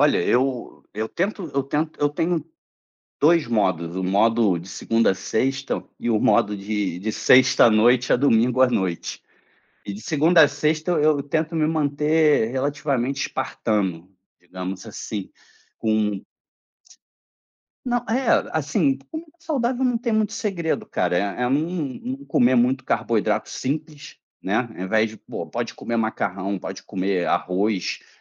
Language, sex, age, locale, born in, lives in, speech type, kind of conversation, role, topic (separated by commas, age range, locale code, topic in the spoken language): Portuguese, male, 35-39, pt-BR, Brazil, Germany, conversation, podcast, guest, Que papel a alimentação tem na sua saúde mental?